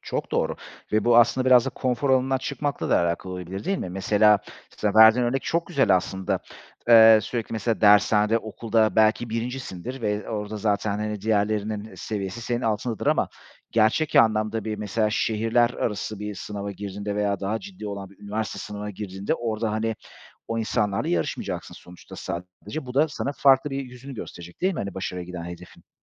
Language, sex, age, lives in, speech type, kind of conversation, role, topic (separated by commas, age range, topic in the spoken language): Turkish, male, 35-39, Greece, conversation, podcast, host, Mükemmeliyetçilik seni durdurduğunda ne yaparsın?